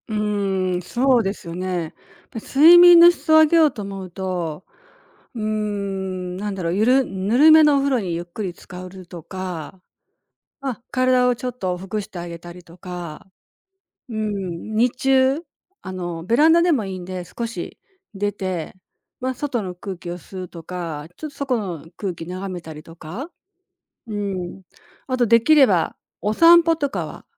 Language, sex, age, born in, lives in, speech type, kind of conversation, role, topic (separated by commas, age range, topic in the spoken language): Japanese, female, 60-64, Japan, Japan, conversation, advice, advisor, 朝のルーティンが整わず時間に追われる